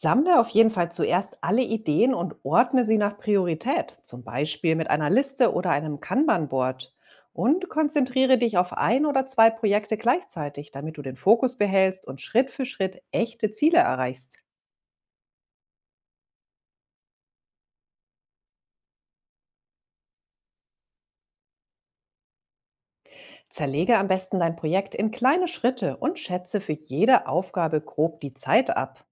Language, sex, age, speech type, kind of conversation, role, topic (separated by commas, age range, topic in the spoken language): German, female, 40-44, read, expert-assistant, expert, Wie kann ich Ziele realistisch planen und Motivation behalten?